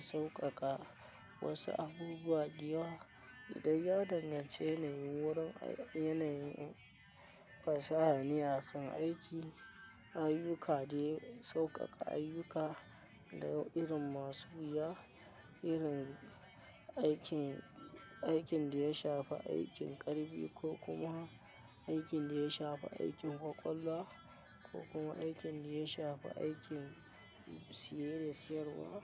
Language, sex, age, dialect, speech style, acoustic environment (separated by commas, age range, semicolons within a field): Hausa, male, 25-34, Nigeria - Kano, relaxed, silence